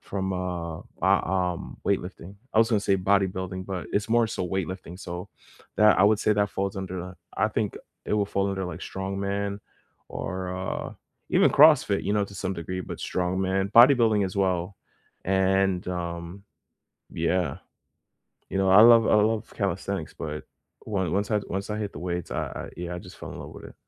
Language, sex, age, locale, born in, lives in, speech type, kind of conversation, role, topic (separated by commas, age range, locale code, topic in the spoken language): English, male, 25-29, en-US, United States, United States, conversation, unstructured, none, What kinds of movement do you genuinely enjoy and make time for most weeks, and why do they stick?